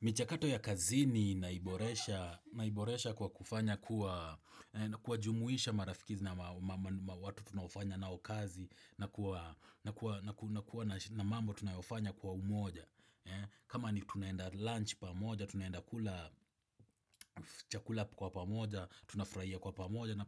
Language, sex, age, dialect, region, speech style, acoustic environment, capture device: Swahili, male, 25-34, Kenya - Nairobi Sheng-influenced, Kenya, happy, people talking, desktop / Windows / Chrome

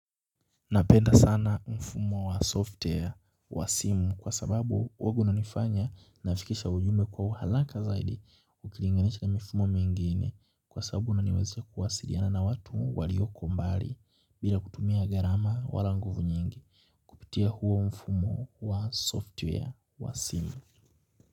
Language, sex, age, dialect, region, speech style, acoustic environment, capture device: Swahili, male, 18-24, Kenya - Nairobi Sheng-influenced, Kenya, anxious, nature, mobile / Linux / Chrome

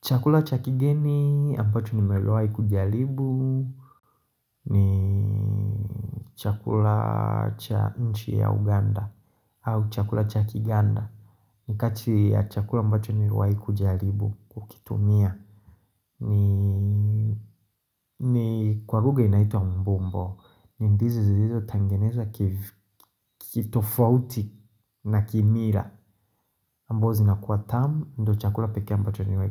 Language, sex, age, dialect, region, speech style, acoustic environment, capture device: Swahili, male, 25-34, Tanzania - Dar es Salaam, Tanzania, happy, silence, mobile / Linux / Chrome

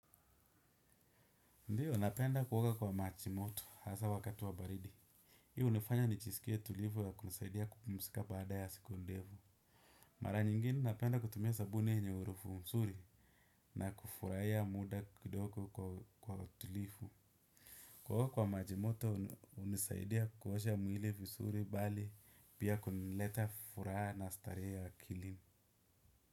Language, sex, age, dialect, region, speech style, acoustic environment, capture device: Swahili, male, 25-34, Kenya - Mombasa, Kenya, relaxed, nature, desktop / Windows / Chrome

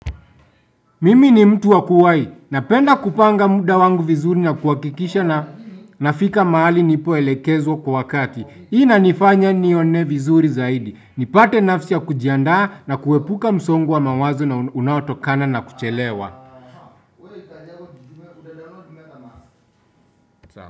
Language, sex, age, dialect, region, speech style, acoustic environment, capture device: Swahili, male, 25-34, Kenya - Nairobi Sheng-influenced, Kenya, happy; anxious; tired; nervous, silence, desktop / Windows / Chrome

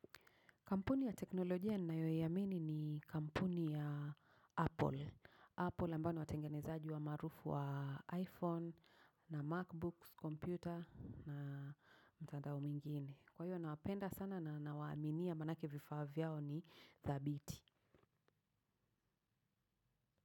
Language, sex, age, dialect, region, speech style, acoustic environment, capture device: Swahili, female, 35-44, Kenya - Nairobi Sheng-influenced, Kenya, focused, people talking; traffic; nature, mobile / macOS / Safari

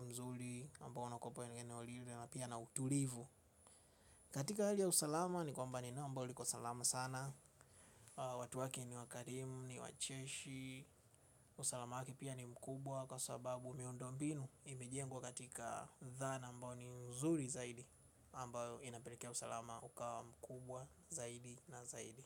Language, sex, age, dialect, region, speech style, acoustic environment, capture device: Swahili, male, 25-34, Tanzania - Dar es Salaam, Tanzania, focused, nature; silence, mobile / Linux / Chrome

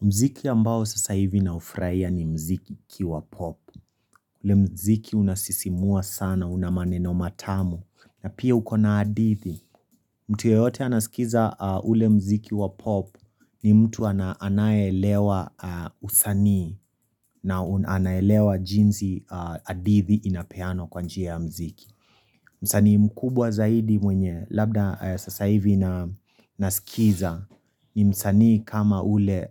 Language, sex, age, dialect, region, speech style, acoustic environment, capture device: Swahili, female, 25-34, Kenya - Nairobi Sheng-influenced, Kenya, happy; relaxed; focused, silence, mobile / Linux / Chrome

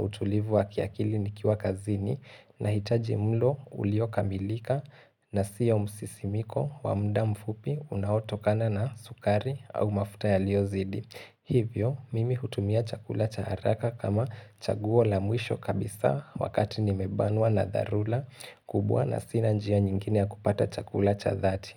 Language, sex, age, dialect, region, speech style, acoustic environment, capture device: Swahili, male, 18-24, Kenya - Mombasa, Kenya, focused; relaxed, silence, desktop / Linux / Chrome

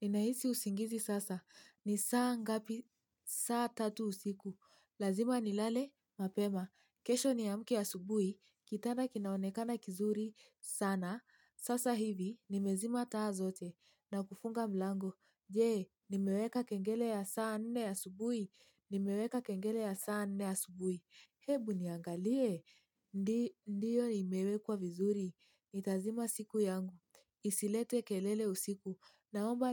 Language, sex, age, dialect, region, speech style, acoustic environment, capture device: Swahili, female, 18-24, Kenya - Mombasa, Kenya, anxious; happy, silence, mobile / Linux / Chrome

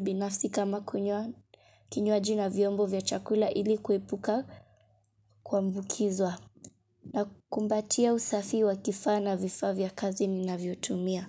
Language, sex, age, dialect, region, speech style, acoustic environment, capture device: Swahili, female, 25-34, Kenya - Mombasa, Kenya, focused, silence, mobile / Linux / Chrome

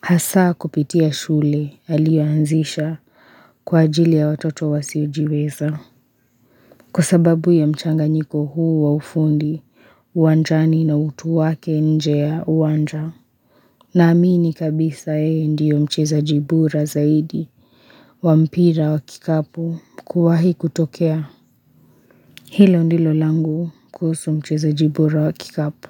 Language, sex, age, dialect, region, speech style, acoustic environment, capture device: Swahili, female, 25-34, Kenya - Nairobi Sheng-influenced, Kenya, relaxed; tired, silence; nature, mobile / Linux / Chrome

